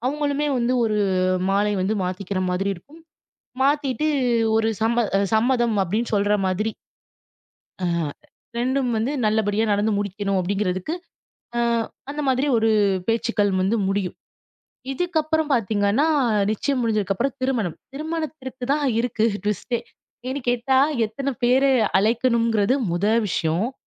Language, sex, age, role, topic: Tamil, female, 25-29, guest, குடும்ப விழாக்கள் உங்கள் வீட்டில் எப்படி நடைபெறுகின்றன?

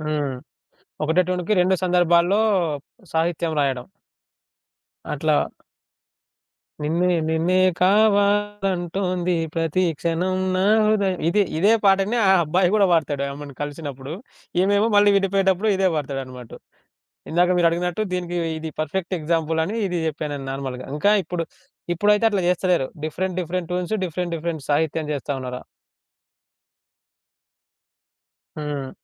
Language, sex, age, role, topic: Telugu, male, 25-29, guest, ఏ సమయంలో సంగీతం మీ భావోద్వేగాలను ఉత్తేజపరుస్తుంది?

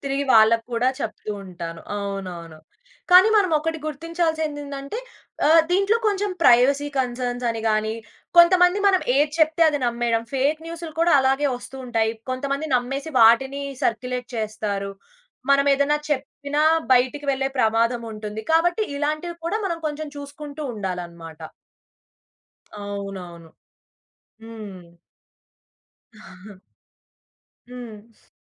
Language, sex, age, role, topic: Telugu, female, 20-24, guest, ఆన్‌లైన్ కమ్యూనిటీ వల్ల ఒంటరితనం తగ్గుతుందా?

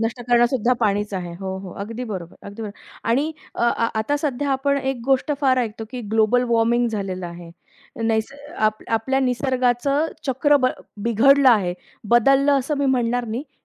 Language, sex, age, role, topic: Marathi, female, 35-39, host, पाणी वाचवण्यासाठी आपण कोणती साधी पावले उचलू शकतो?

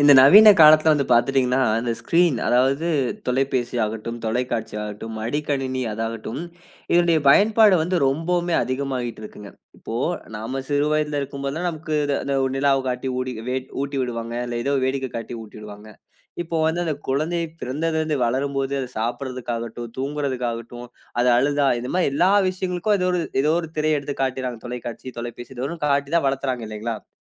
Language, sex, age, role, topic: Tamil, male, 20-24, host, குழந்தைகளுக்கான திரை நேரத்தை நீங்கள் எப்படி நிர்ணயிக்கிறீர்கள்?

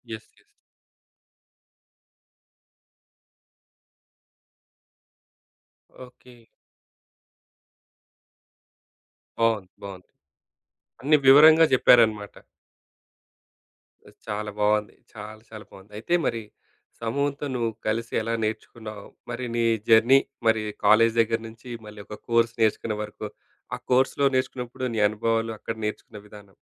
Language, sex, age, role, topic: Telugu, male, 35-39, host, సమూహంతో కలిసి నేర్చుకోవడంలో వచ్చే ఆనందం గురించి చెప్పగలరా?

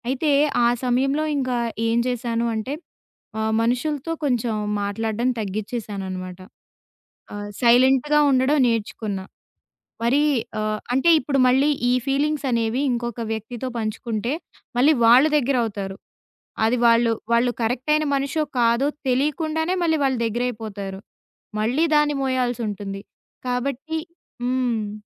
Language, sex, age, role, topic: Telugu, female, 20-24, guest, మానసిక బలం పెంపొందించుకోవడానికి మీకు సహాయపడిన నిశ్శబ్దమైన మార్పు ఏది?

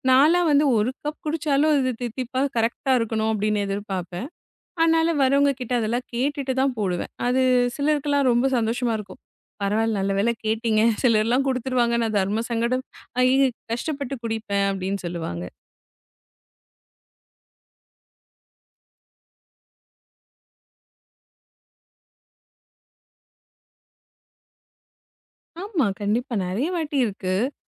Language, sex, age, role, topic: Tamil, female, 35-39, guest, ஒரு சின்ன தேநீர் அழைப்பு உறவுகளை எப்படி மாற்றும்?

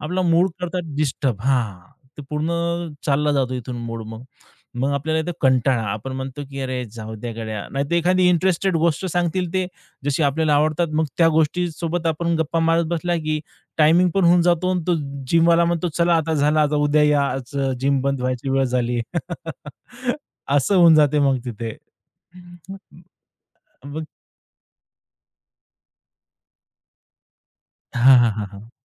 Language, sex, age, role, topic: Marathi, male, 30-34, guest, सराव करताना मनाची लय कशी लागते?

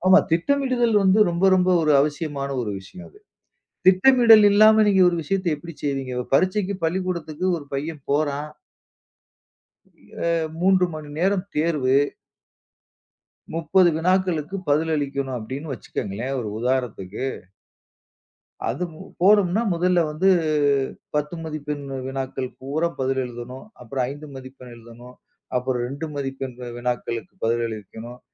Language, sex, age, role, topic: Tamil, male, 40-44, guest, ஒரு புதிய நல்ல பழக்கத்தைத் தொடங்கும்போது நீங்கள் எவ்வாறு திட்டமிடுவீர்கள்?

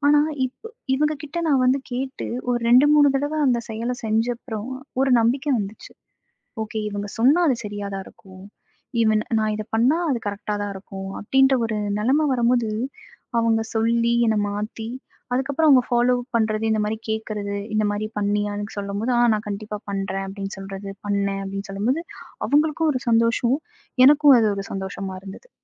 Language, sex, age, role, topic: Tamil, female, 25-29, guest, நீங்கள் மனச்சோர்வு மற்றும் உடல் களைப்பால் சோர்ந்து போனதாக உணரும்போது முதலில் யாரிடம் பேசுவீர்கள்?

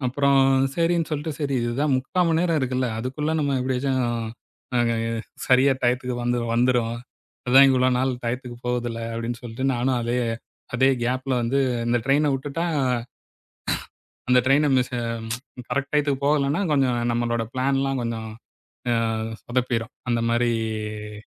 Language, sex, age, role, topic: Tamil, male, 40-44, guest, பயணத்தில் நீங்கள் கற்றுக்கொண்ட மிக முக்கியமான பாடம் என்ன?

